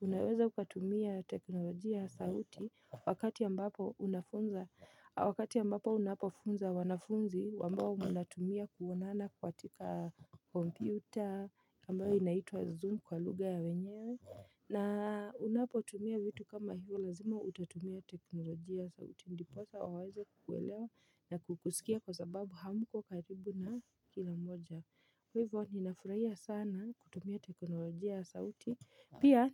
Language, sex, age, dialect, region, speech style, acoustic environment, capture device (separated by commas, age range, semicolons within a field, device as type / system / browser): Swahili, female, 25-34, Kenya - Nairobi Sheng-influenced, Kenya, excited, silence, mobile / Linux / Chrome